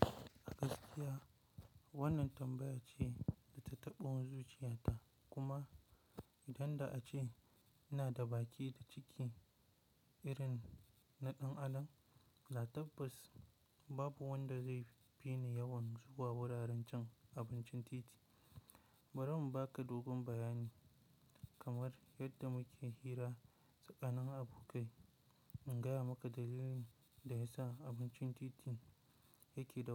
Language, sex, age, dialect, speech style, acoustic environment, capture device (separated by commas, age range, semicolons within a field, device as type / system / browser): Hausa, male, 18-24, Nigeria - Kano, focused, nature, mobile / Linux / Chrome